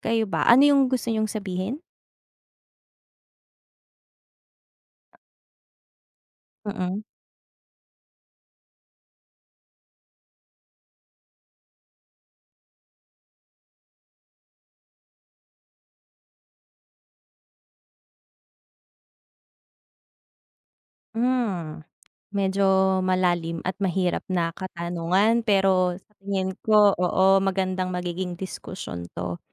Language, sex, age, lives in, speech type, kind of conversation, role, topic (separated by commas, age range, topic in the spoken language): Filipino, female, 30-34, Philippines, conversation, unstructured, none, Mas gusto mo bang lagi kang may pagkakataong makakilala ng bagong kaibigan o lagi kang may pagkakataong makasama ang matagal mo nang kaibigan?